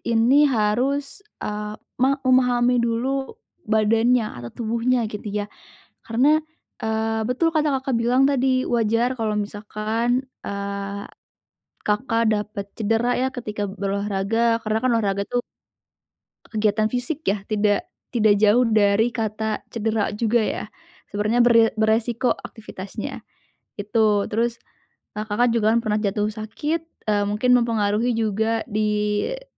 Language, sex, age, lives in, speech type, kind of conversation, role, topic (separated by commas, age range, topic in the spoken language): Indonesian, female, 20-24, Indonesia, conversation, advice, advisor, Bagaimana saya menghadapi perasaan kehilangan saat rutinitas yang sudah saya kenal tiba-tiba berubah?